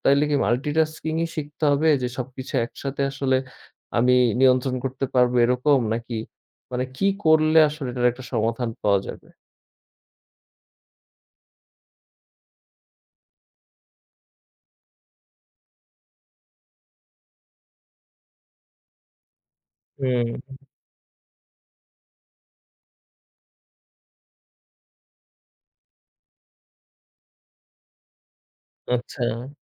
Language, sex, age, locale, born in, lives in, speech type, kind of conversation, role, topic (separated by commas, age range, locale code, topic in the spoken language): Bengali, male, 20-24, bn-BD, Bangladesh, Bangladesh, conversation, advice, user, স্টার্টআপের কাজ ও ব্যক্তিগত জীবনের ভারসাম্য রাখতে গিয়ে আপনার কী কী কষ্ট হচ্ছে?